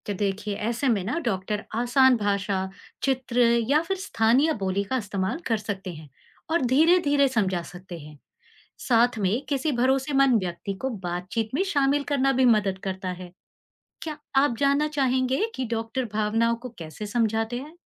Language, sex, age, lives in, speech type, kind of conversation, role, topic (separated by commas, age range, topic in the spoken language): Hindi, female, 40-44, India, read, expert-assistant, expert, क्या आप समझा सकते हैं कि मरीजों की देखभाल में भरोसे और संवाद की भूमिका क्यों महत्वपूर्ण है?